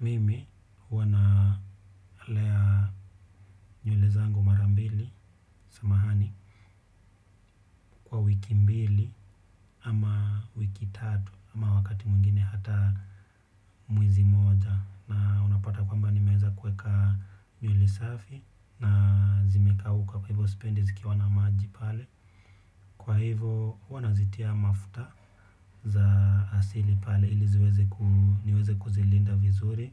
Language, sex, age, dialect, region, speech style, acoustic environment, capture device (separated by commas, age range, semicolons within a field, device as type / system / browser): Swahili, male, 25-34, Kenya - Nairobi Sheng-influenced, Kenya, relaxed, silence, desktop / Windows / Chrome